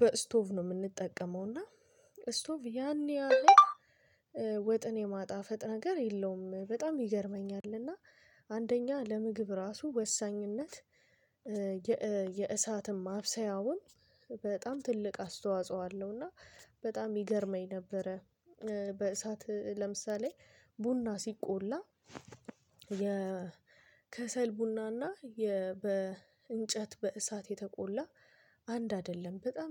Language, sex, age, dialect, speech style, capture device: Amharic, female, 25-34, Ethiopia - Addis Ababa, happy, mobile / Linux / Chrome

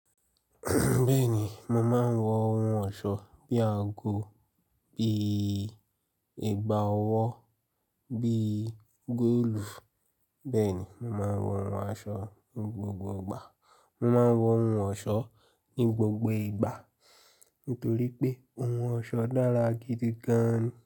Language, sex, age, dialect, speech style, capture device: Yoruba, male, 25-34, Nigeria - Lagos Yoruba, relaxed, mobile / Linux / Chrome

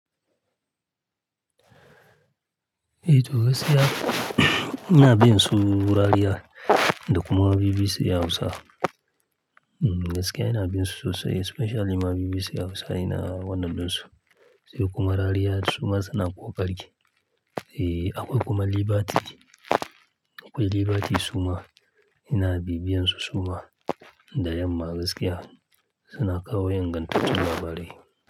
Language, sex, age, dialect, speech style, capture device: Hausa, male, 35-44, Nigeria - Kano, happy, mobile / Linux / Chrome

